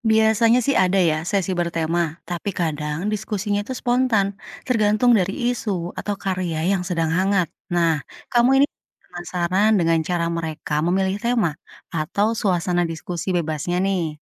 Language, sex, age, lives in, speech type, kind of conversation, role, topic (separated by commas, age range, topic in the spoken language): Indonesian, female, 35-39, Indonesia, read, expert-assistant, expert, Bagaimana menjaga diskusi seni tetap inklusif dan kondusif di komunitas seni?